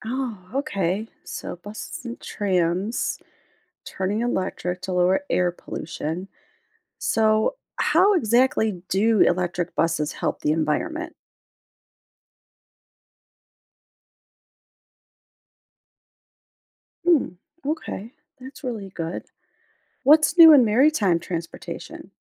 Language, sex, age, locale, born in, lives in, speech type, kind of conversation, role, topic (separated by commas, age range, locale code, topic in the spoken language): English, female, 45-49, en-US, United States, United States, read, expert-assistant, asker, What new transportation types emerged in the last century?